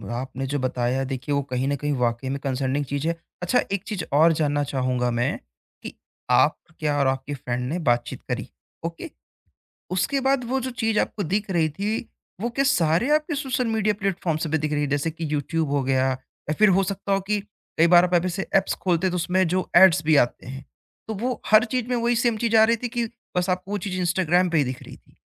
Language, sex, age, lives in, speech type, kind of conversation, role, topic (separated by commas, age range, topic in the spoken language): Hindi, male, 20-24, India, conversation, podcast, host, Kya algorithms aapke liye yeh tay karte hain ki aap kya content dekhen?